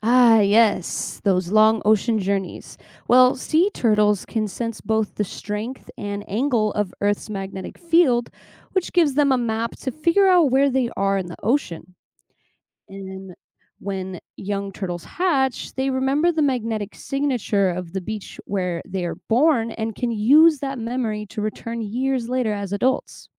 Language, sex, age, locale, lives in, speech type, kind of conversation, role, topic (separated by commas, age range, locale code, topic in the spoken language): English, female, 25-29, en-US, United States, read, expert-assistant, expert, How do compasses and animals use Earth's magnetic field for navigation?